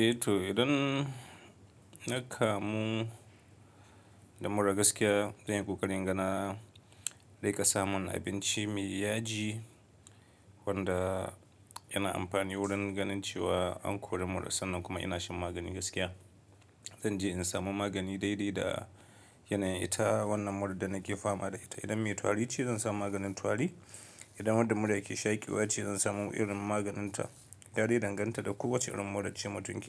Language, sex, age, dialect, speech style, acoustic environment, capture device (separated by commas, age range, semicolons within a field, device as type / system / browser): Hausa, male, 25-34, Nigeria - Kano, happy, silence, mobile / Linux / Chrome